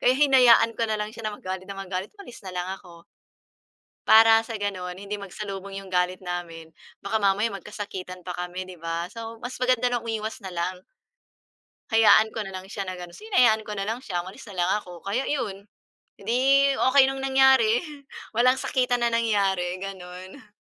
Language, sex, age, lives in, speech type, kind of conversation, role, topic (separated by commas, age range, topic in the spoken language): Filipino, female, 40-44, Philippines, conversation, unstructured, none, Paano mo maipaglalaban ang karapatan mo nang hindi nagagalit?